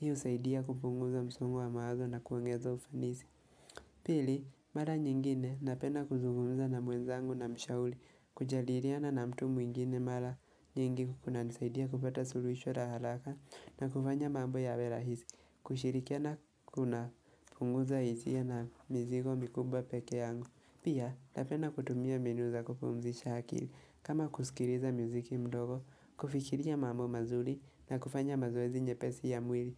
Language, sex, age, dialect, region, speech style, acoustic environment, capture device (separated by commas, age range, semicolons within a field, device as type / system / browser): Swahili, male, 18-24, Kenya - Nairobi Sheng-influenced, Kenya, relaxed; focused, silence, mobile / Linux / Chrome